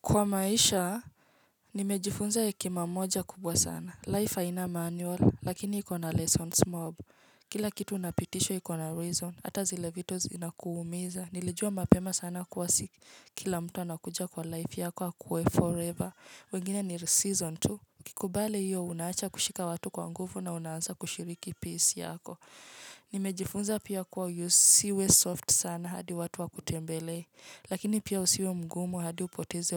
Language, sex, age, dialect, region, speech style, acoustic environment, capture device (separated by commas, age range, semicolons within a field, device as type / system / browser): Swahili, female, 25-34, Kenya - Nairobi Sheng-influenced, Kenya, relaxed, nature, mobile / Linux / Chrome